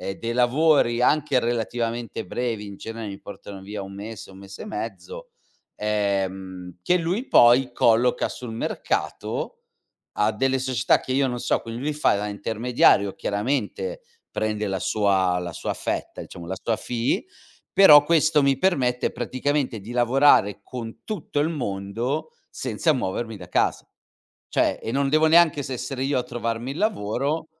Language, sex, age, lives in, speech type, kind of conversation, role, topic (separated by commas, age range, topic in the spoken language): Italian, male, 40-44, Italy, conversation, podcast, guest, Raccontami di un errore che hai trasformato in un’opportunità?